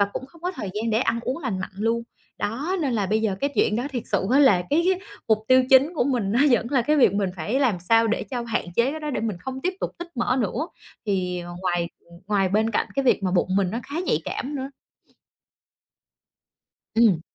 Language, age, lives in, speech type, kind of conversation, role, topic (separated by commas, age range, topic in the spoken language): Vietnamese, 30-34, Vietnam, conversation, advice, user, Làm sao để ăn uống lành mạnh khi lịch sinh hoạt của bạn thay đổi?